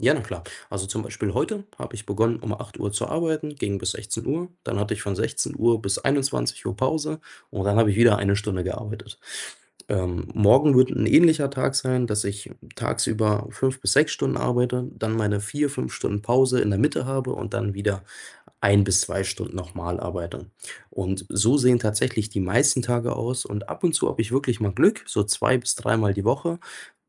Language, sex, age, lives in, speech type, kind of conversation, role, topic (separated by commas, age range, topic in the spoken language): German, male, 25-29, Germany, conversation, advice, user, Wie schaffst du es, Sport in deinen engen Arbeits- und Familienalltag einzubauen?